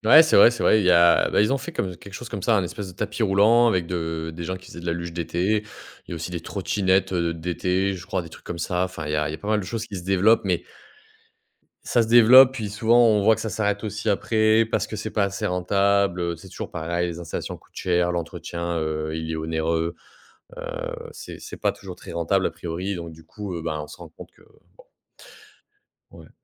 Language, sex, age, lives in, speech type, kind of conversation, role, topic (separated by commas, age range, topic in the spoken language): French, male, 30-34, France, conversation, podcast, guest, Quels changements liés au changement climatique observes-tu près de chez toi ?